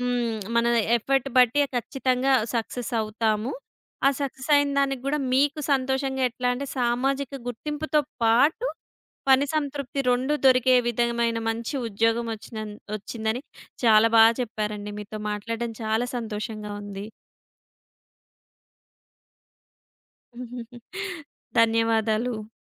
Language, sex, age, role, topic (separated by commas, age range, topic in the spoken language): Telugu, female, 30-34, host, సామాజిక గుర్తింపు, పనిలో సంతృప్తి—వీటిలో మీకు ఏది నిజమైన విజయంగా అనిపిస్తుంది?